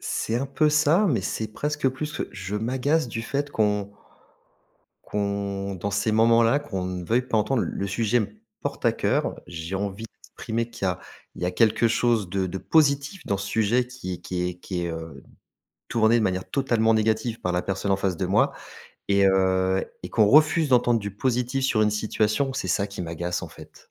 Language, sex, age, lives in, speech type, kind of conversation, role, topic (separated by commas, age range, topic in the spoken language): French, male, 40-44, France, conversation, advice, user, Comment faites-vous pour éviter les conflits malgré des ressentis très forts ?